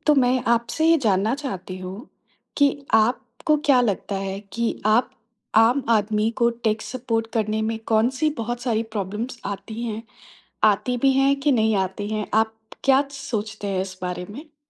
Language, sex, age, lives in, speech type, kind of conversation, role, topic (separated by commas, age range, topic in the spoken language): Hindi, female, 30-34, India, conversation, podcast, host, Tech adoption aur digital divide ki wajah se aam logon ko kya-kya dikkat ho sakti hai, aapka kya nazariya hai?